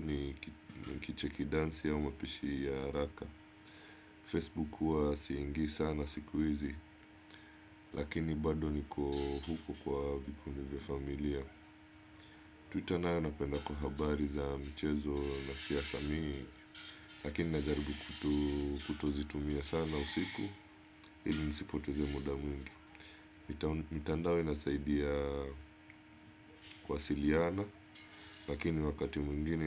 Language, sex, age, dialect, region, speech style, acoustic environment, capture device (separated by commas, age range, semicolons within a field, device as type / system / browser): Swahili, male, 25-34, Kenya - Mombasa, Kenya, happy; focused; relaxed; excited, silence; nature; appliances, desktop / Windows / Chrome